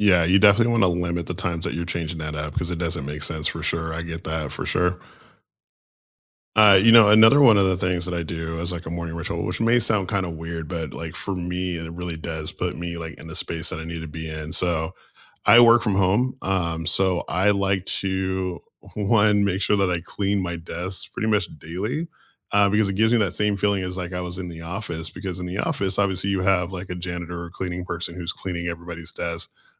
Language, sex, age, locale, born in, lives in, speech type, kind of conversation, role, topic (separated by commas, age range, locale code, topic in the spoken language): English, male, 35-39, en-US, United States, United States, conversation, unstructured, none, What morning rituals help you feel grounded and energized, and how did they become part of your daily routine?